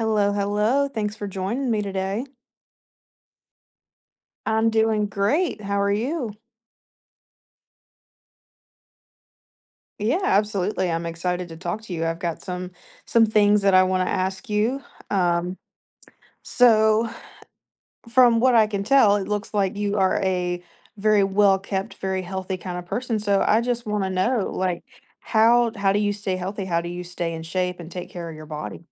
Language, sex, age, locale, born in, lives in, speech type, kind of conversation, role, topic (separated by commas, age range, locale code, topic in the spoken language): English, female, 20-24, en-US, United States, United States, conversation, podcast, host, What habits or routines help you maintain your overall well-being?